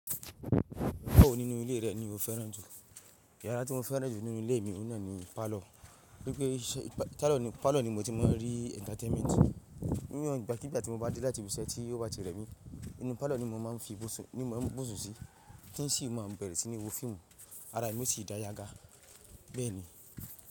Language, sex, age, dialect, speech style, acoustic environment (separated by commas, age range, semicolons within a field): Yoruba, male, 25-34, Nigeria - Ibadan, focused, silence; nature